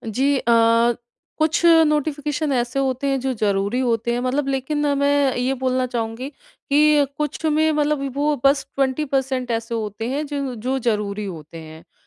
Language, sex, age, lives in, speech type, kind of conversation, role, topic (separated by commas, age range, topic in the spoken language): Hindi, female, 30-34, India, conversation, advice, user, मैं काम के दौरान नोटिफ़िकेशन और व्यवधान कैसे कम कर सकता/सकती हूँ?